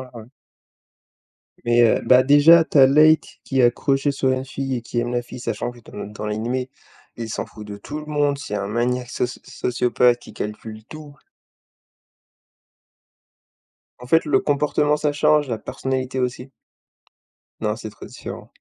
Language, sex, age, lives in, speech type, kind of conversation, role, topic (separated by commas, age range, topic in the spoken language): French, male, 20-24, France, conversation, unstructured, none, Qu’est-ce qui te plaît le plus dans un film ?